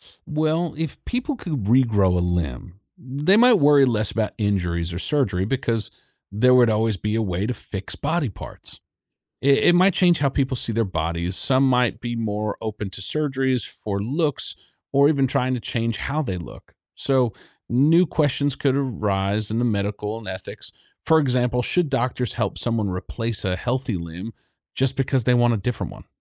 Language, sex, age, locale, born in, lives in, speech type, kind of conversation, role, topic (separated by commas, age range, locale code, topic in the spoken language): English, male, 35-39, en-US, United States, United States, read, expert-assistant, expert, What if humans could regenerate lost limbs?